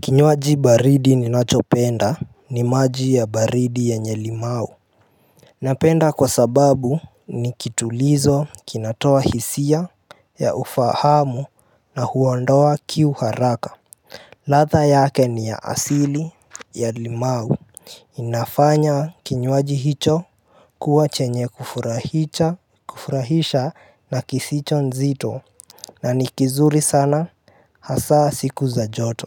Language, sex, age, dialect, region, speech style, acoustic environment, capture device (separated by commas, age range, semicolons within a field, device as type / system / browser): Swahili, male, 18-24, Kenya - Nairobi Sheng-influenced, Kenya, happy, appliances; nature; music; silence, mobile / Linux / Chrome